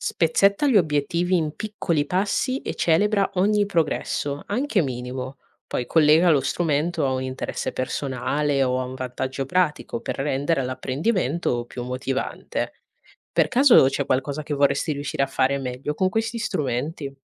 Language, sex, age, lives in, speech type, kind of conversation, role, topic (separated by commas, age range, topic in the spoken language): Italian, female, 20-24, Italy, read, expert-assistant, expert, Cosa posso fare per rendere più efficace il mio modo di apprendere da adulto?